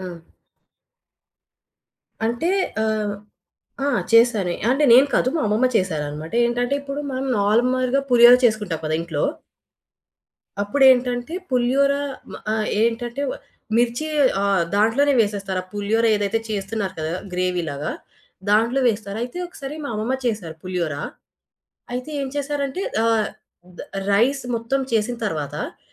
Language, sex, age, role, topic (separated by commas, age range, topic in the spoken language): Telugu, female, 25-29, guest, ఒక వంటకాన్ని మీ రుచికి అనుగుణంగా ఎలా మార్చుకుంటారు?